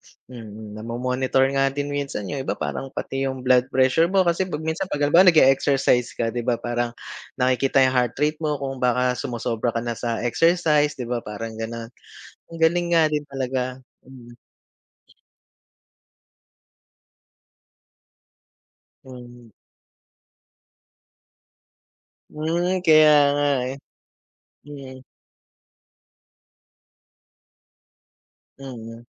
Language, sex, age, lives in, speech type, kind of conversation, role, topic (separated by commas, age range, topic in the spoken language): Filipino, male, 35-39, Philippines, conversation, unstructured, none, Paano binabago ng teknolohiya ang araw-araw nating buhay?